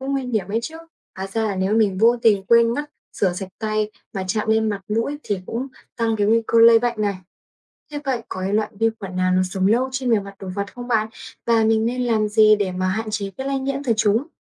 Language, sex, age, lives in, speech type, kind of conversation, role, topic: Vietnamese, female, 20-24, Vietnam, read, expert-assistant, asker, Làm thế nào vi khuẩn gây bệnh?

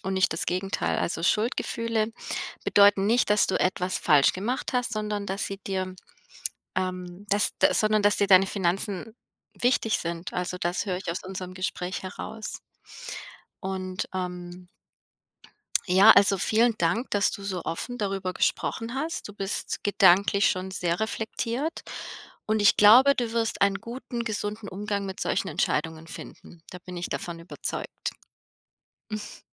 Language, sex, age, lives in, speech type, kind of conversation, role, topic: German, female, 35-39, United States, conversation, advice, advisor, Wie gehe ich mit Schuldgefühlen nach einem teuren Kauf um?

